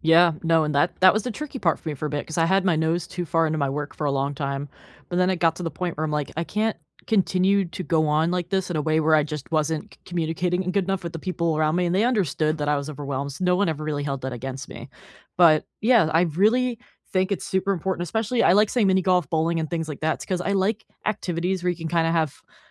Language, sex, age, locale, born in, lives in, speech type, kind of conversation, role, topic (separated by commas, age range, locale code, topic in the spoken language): English, female, 25-29, en-US, United States, United States, conversation, unstructured, none, When life gets hectic, what small habits help you keep friendships warm and supportive?